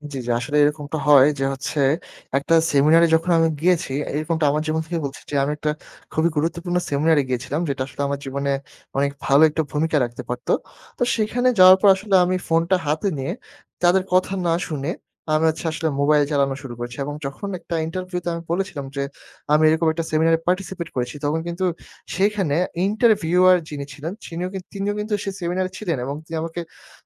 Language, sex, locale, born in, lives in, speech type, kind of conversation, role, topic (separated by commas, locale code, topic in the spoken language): Bengali, male, bn-BD, Bangladesh, Bangladesh, conversation, unstructured, none, সোশ্যাল মিডিয়ার অতিরিক্ত ব্যবহার কি আমাদের আত্মমর্যাদা কমিয়ে দিচ্ছে?